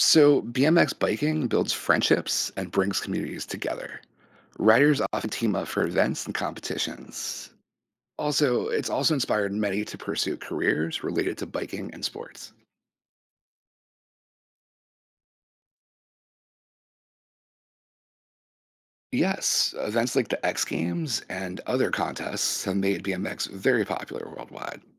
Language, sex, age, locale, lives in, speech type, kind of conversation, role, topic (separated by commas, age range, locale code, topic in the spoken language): English, male, 40-44, en-US, United States, read, expert-assistant, expert, The history of BMX biking and its evolution.